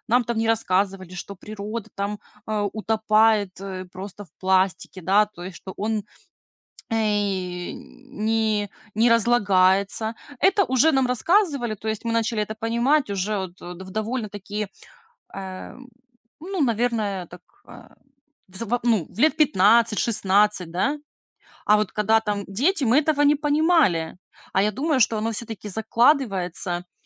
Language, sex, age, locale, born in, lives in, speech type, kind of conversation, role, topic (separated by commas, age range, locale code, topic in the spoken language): Russian, female, 35-39, ru-RU, Ukraine, Spain, conversation, podcast, guest, Какие простые шаги помогут сократить количество пластиковых отходов?